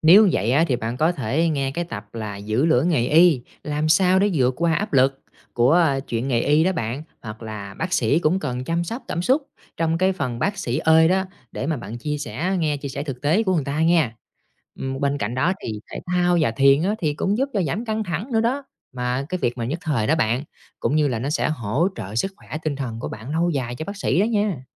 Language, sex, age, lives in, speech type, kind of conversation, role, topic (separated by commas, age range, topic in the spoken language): Vietnamese, male, 30-34, Vietnam, read, expert-assistant, expert, Bác sĩ vượt qua áp lực và cân bằng cảm xúc trong nghề như thế nào?